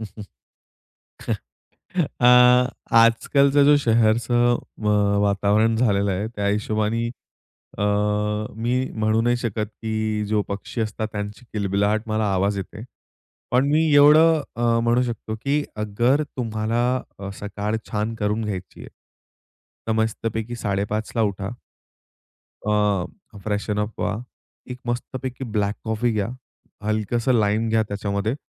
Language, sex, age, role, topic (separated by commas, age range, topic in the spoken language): Marathi, male, 25-29, guest, तुम्ही सकाळी ऊर्जा कशी टिकवता?